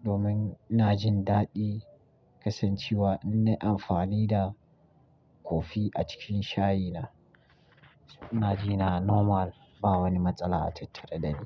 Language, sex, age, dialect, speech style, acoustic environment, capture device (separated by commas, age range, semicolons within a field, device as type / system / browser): Hausa, male, 25-34, Nigeria - Kano, happy, nature, mobile / Linux / Chrome